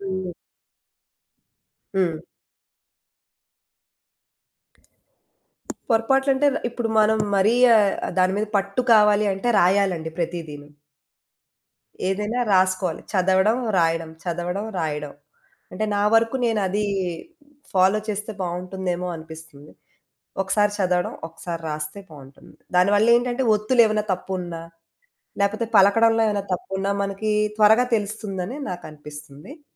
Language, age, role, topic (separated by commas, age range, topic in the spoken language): Telugu, 35-39, guest, భాషలు నేర్చుకోవడం మీకు ఎలా అనిపిస్తుంది, దానికి మీ దగ్గర పనికొచ్చే చిట్కాలు ఏవైనా ఉన్నాయా?